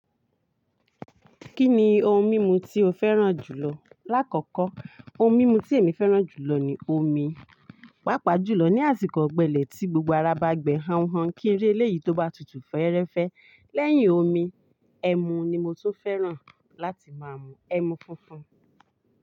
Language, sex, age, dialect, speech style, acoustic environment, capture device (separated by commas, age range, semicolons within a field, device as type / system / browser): Yoruba, female, 35-44, Nigeria - Ibadan, focused, nature, mobile / Linux / Chrome